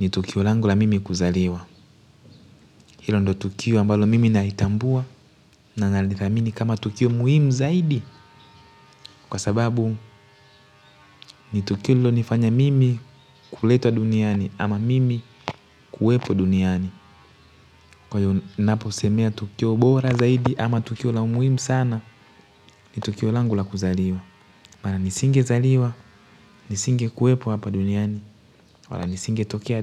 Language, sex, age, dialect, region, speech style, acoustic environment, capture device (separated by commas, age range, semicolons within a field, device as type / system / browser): Swahili, male, 18-24, Tanzania - Dar es Salaam, Tanzania, happy; focused, nature; appliances, mobile / Linux / Chrome